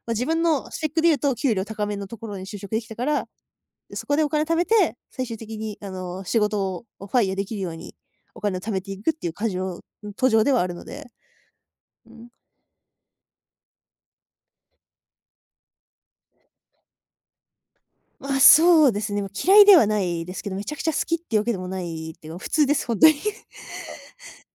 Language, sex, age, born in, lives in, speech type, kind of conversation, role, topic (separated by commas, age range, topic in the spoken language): Japanese, female, 20-24, Japan, Japan, conversation, podcast, guest, 家で集中するためのコツは何ですか？